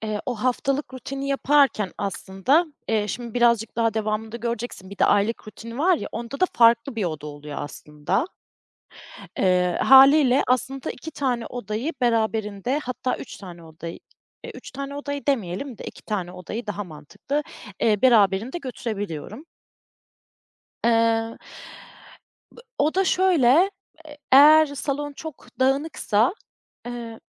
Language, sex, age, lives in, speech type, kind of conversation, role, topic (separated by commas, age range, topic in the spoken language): Turkish, female, 30-34, Germany, conversation, podcast, guest, Dağınıklığı hızlıca toparlamak için ne yaparsın?